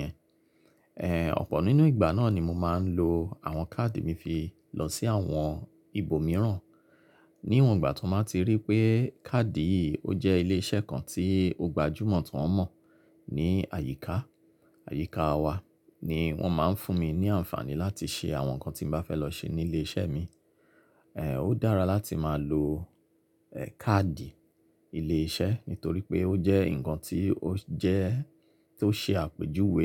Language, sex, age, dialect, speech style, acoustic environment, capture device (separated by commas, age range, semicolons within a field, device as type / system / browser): Yoruba, male, 35-44, Nigeria - Lagos Yoruba, relaxed, silence, mobile / Linux / Chrome